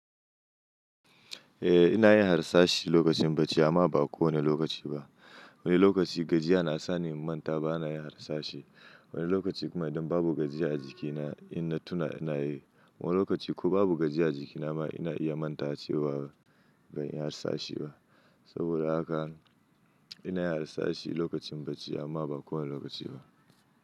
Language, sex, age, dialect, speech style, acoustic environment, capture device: Hausa, male, 25-34, Nigeria - Nigerian Standard, happy, silence; nature, mobile / Linux / Chrome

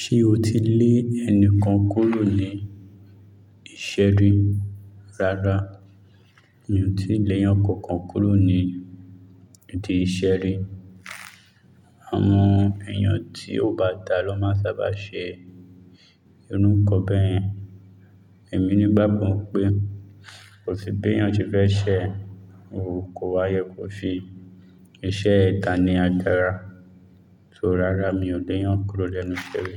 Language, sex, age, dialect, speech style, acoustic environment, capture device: Yoruba, male, 25-34, Nigeria - Lagos Yoruba, relaxed; focused, silence, mobile / Linux / Chrome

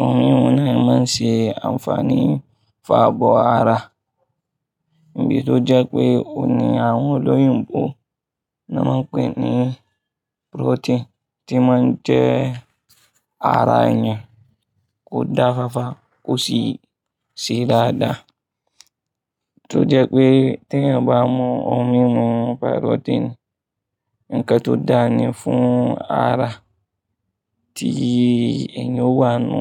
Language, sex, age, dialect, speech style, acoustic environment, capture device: Yoruba, male, 25-34, Nigeria - Kano, focused, people talking; traffic; appliances, mobile / Linux / Chrome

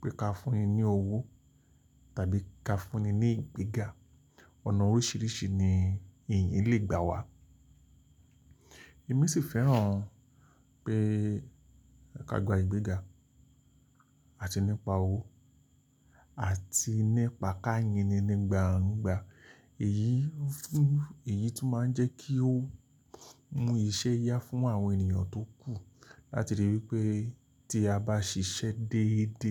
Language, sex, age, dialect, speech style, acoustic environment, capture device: Yoruba, male, 45-54, Nigeria - Lagos Yoruba, relaxed, nature; silence, mobile / Linux / Chrome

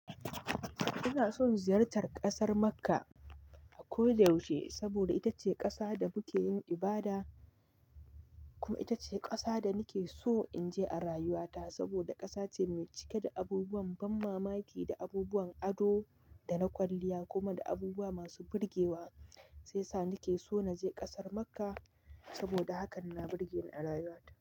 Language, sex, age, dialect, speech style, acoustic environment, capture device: Hausa, male, 25-34, Nigeria - Nigerian Standard, happy, nature; music, mobile / Linux / Chrome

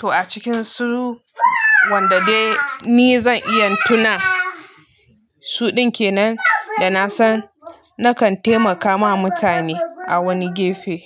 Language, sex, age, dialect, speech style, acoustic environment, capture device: Hausa, female, 25-34, Nigeria - Nigerian Standard, relaxed, people talking, mobile / Linux / Chrome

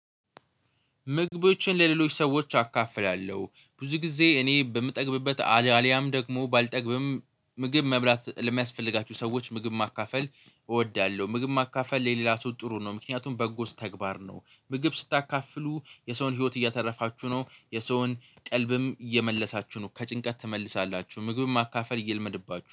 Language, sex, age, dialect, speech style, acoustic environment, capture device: Amharic, male, 18-24, Ethiopia - Addis Ababa, focused, nature, mobile / Linux / Chrome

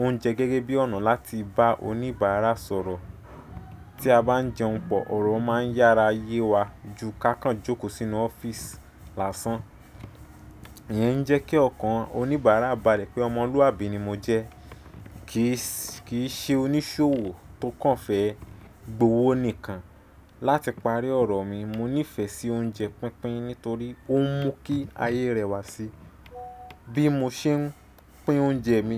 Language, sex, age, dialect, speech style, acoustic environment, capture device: Yoruba, male, 25-34, Nigeria - Nigerian Standard, relaxed, silence, desktop / Windows / Chrome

